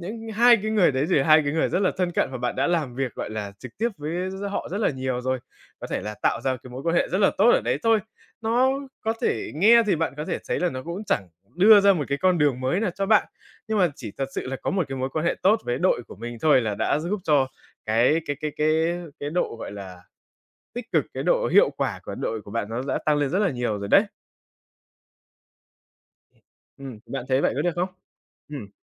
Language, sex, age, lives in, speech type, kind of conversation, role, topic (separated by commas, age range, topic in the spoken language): Vietnamese, male, 20-24, Vietnam, conversation, advice, advisor, Làm sao để lấy lại động lực thăng tiến và xây dựng kế hoạch phát triển phù hợp?